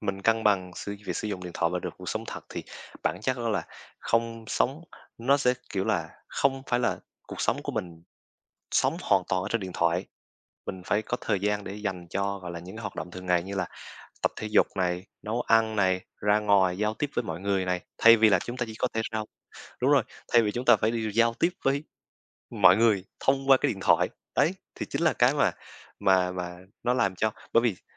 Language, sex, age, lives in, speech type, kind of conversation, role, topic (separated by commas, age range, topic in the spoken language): Vietnamese, male, 20-24, Vietnam, conversation, unstructured, none, Bạn làm thế nào để cân bằng thời gian dùng điện thoại và cuộc sống thực?